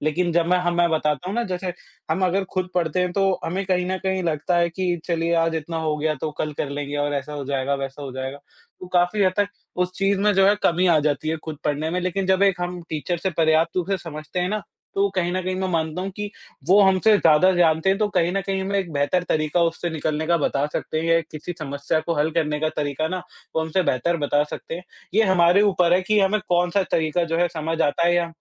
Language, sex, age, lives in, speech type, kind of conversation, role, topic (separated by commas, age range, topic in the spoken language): Hindi, male, 45-49, India, conversation, unstructured, none, शिक्षक से सीखने और खुद से पढ़ने में क्या अंतर होता है?